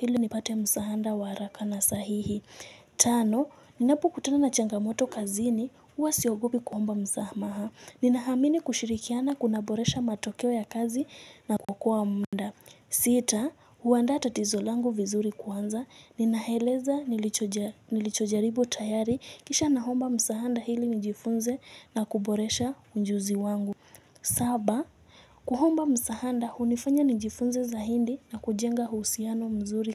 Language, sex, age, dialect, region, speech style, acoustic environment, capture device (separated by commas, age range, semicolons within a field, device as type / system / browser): Swahili, female, 18-24, Kenya - Nairobi Sheng-influenced, Kenya, focused; excited, people talking; music; nature, mobile / Linux / Chrome